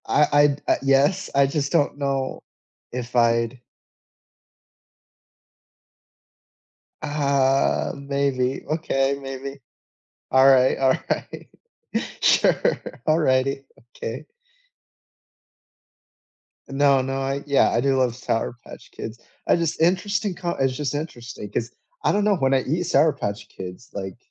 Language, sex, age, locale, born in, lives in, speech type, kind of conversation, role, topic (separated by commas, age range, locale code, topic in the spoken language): English, male, 25-29, en-US, United States, United States, conversation, unstructured, none, Where do you love watching movies—at home or in theaters—and what makes it special for you?